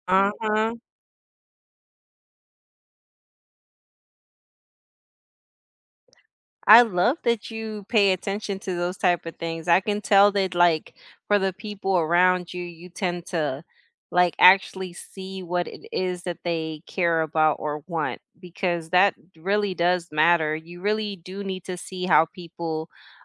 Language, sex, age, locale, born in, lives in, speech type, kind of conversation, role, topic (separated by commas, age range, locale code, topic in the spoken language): English, female, 40-44, en-US, United States, United States, conversation, unstructured, none, How do you celebrate each other’s wins, big and small?